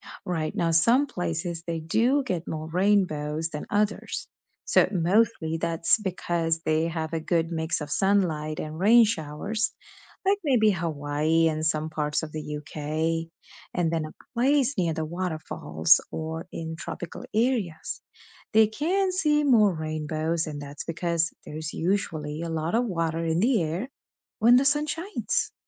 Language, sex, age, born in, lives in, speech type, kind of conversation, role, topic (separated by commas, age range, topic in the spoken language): English, female, 35-39, India, United States, read, expert-assistant, expert, Why do rainbows appear after it rains?